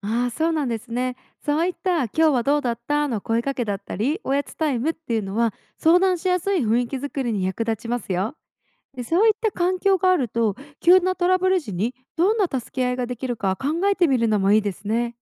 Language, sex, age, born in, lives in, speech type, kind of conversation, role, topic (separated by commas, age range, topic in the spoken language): Japanese, female, 25-29, Japan, United States, read, expert-assistant, expert, 起業の計画を立てるとき、予想外のトラブルにどう備えたら良いと思いますか？